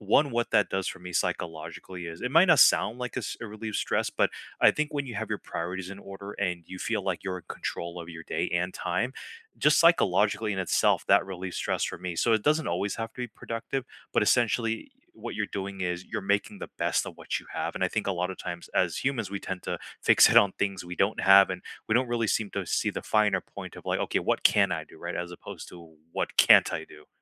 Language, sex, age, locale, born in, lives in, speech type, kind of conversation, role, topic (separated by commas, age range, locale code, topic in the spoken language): English, male, 35-39, en-US, United States, United States, conversation, unstructured, none, Which small rituals or quick stress relievers help you get through tough days, and how did you discover them?